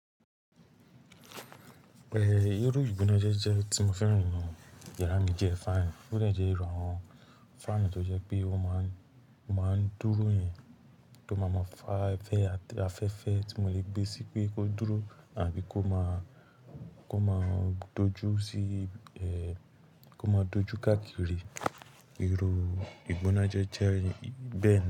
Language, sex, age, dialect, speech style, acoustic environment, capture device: Yoruba, male, 18-24, Nigeria - Lagos Yoruba, anxious, nature, mobile / Linux / Chrome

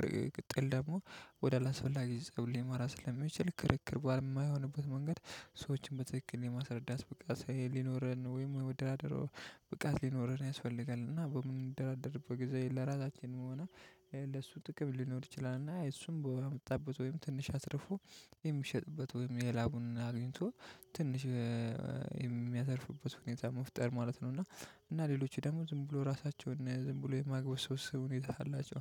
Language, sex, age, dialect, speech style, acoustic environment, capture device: Amharic, male, 25-34, Ethiopia - Addis Ababa, relaxed, silence, mobile / Linux / Chrome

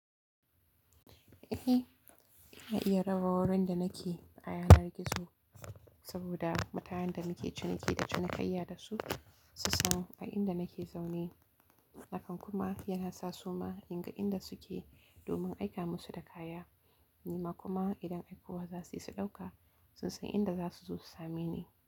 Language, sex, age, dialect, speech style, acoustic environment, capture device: Hausa, female, 18-24, Nigeria - Kano, relaxed, silence, mobile / Linux / Chrome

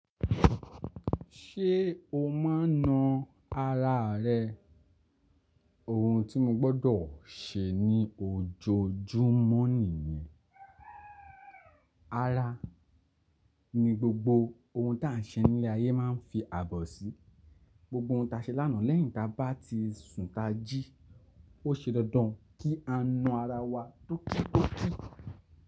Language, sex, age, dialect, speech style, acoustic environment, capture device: Yoruba, male, 25-34, Nigeria - Lagos Yoruba, relaxed; focused, silence, desktop / Linux / Chrome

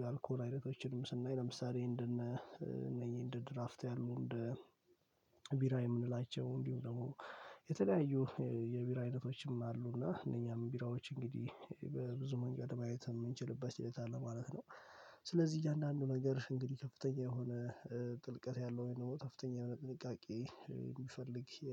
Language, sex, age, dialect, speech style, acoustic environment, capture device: Amharic, male, 18-24, Ethiopia - Addis Ababa, focused, silence, mobile / Linux / Chrome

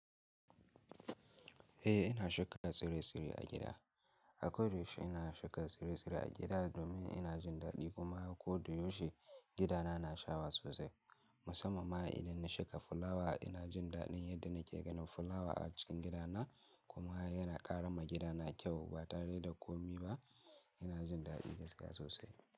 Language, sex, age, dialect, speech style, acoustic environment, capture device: Hausa, male, 18-24, Nigeria - Kano, happy; excited; focused, silence, mobile / Linux / Chrome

